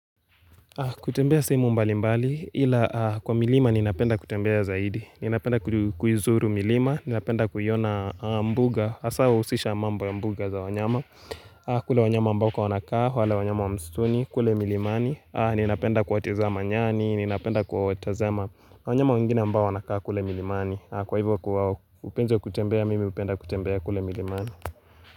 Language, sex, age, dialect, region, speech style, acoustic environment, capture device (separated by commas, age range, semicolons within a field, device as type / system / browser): Swahili, male, 18-24, Kenya - Nairobi Sheng-influenced, Kenya, excited, music, mobile / Linux / Chrome